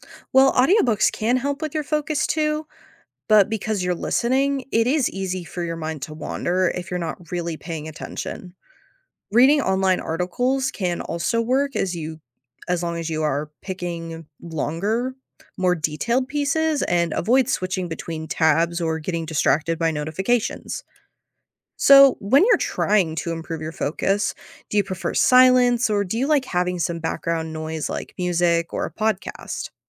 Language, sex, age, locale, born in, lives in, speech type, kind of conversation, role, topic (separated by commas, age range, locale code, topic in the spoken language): English, female, 18-19, en-US, United States, United States, read, expert-assistant, expert, What are the benefits of reading every day?